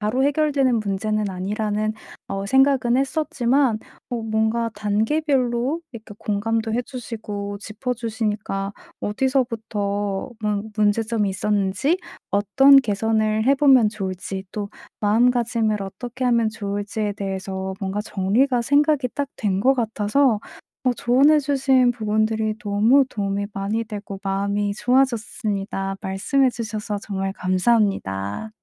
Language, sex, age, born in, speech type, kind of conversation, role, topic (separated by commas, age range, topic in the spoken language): Korean, female, 25-29, South Korea, conversation, advice, user, 칭찬을 받았을 때 자연스럽게 받아들이려면 어떻게 해야 하나요?